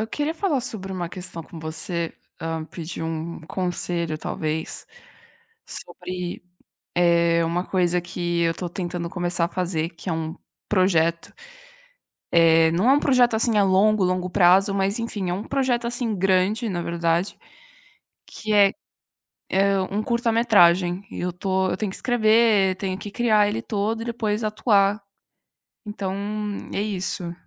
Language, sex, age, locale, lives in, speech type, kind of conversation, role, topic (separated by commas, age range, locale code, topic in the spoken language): Portuguese, female, 20-24, pt-BR, Italy, conversation, advice, user, Como posso recuperar a motivação para continuar um projeto de longo prazo?